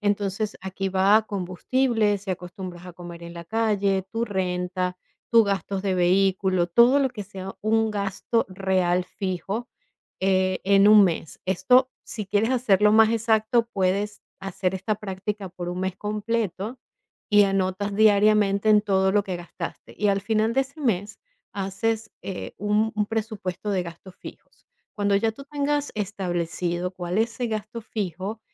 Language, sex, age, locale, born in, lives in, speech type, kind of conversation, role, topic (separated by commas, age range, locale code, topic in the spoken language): Spanish, female, 45-49, es-VE, Venezuela, United States, conversation, advice, advisor, ¿Cómo puedo priorizar el pago de deudas en lugar de ahorrar para emergencias?